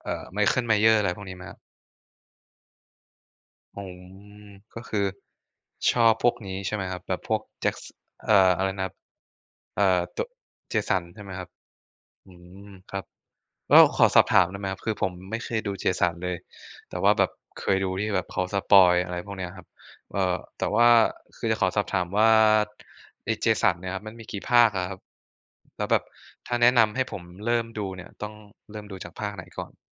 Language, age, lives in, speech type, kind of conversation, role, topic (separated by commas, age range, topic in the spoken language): Thai, 20-24, Thailand, conversation, unstructured, none, ทำไมคนบางคนถึงโกรธเมื่อมีคนวิจารณ์งานอดิเรกของเขา?